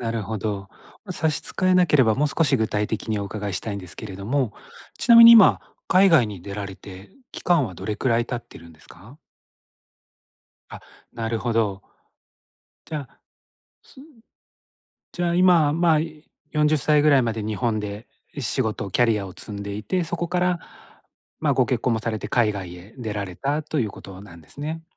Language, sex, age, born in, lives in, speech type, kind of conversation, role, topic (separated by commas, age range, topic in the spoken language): Japanese, male, 45-49, Japan, Japan, conversation, advice, advisor, 将来の不確実さにどう向き合えばよいですか？